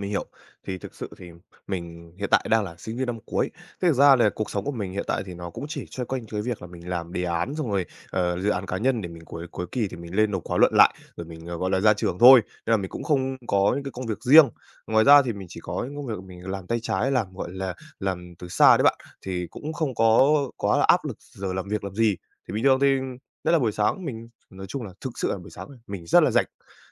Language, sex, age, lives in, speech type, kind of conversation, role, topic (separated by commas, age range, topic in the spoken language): Vietnamese, male, 18-19, Vietnam, conversation, advice, user, Làm thế nào để xây dựng một thói quen buổi sáng ổn định khi bạn không có lịch cố định?